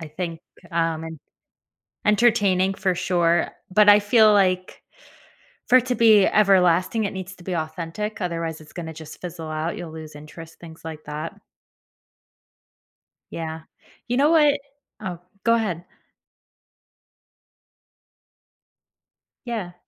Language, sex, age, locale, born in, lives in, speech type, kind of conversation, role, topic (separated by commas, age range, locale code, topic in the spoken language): English, female, 30-34, en-US, United States, United States, conversation, podcast, host, How have major inventions shaped the way we live and connect with each other?